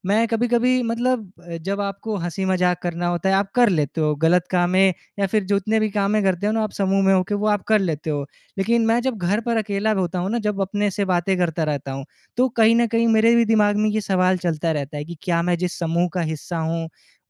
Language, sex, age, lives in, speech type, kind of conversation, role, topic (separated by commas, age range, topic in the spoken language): Hindi, male, 20-24, India, conversation, advice, user, समूह दबाव के समय मैं अपने व्यक्तिगत मूल्यों पर कैसे कायम रहूँ?